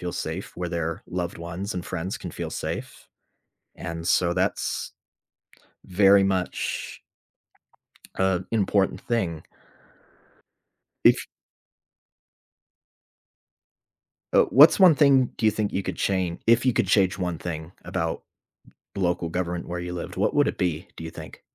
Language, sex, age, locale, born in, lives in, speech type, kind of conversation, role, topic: English, male, 25-29, en-US, United States, United States, conversation, unstructured, none, What do you like most about your local government?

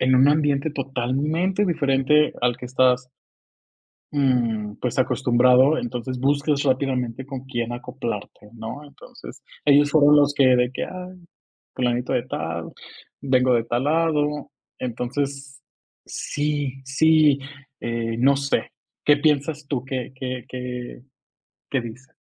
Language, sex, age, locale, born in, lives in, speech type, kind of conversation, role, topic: Spanish, male, 25-29, es-MX, Mexico, Mexico, conversation, podcast, guest, ¿Cómo sueles empezar una charla con gente nueva?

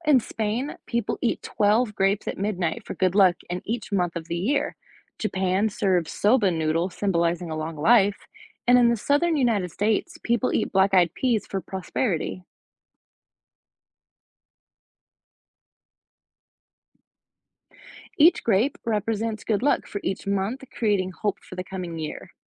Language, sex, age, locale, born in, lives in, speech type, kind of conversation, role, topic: English, female, 25-29, en-US, United States, United States, read, expert-assistant, expert, How do different cultures celebrate the new year?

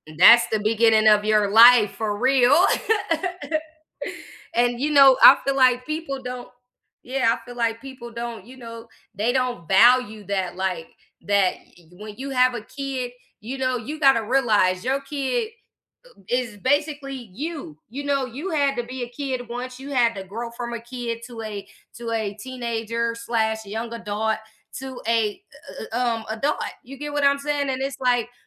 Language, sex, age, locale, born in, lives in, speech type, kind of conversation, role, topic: English, female, 30-34, en-US, United States, United States, conversation, unstructured, none, What is one thing that always makes you smile?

